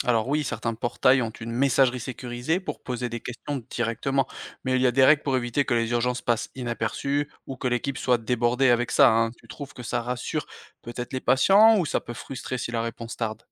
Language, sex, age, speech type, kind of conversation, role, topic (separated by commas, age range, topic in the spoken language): French, male, 35-39, read, expert-assistant, expert, Comment organiser le travail dans un hôpital pour que tout le monde s’y retrouve facilement ?